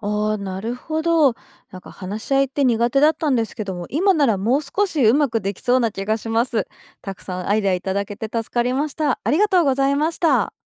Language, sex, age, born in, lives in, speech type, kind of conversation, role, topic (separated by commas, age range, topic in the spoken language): Japanese, female, 40-44, Japan, Japan, read, expert-assistant, asker, 家族や友人と意見がぶつかったとき、新しい折り合いのつけ方にはどんなアイデアがあるでしょうか？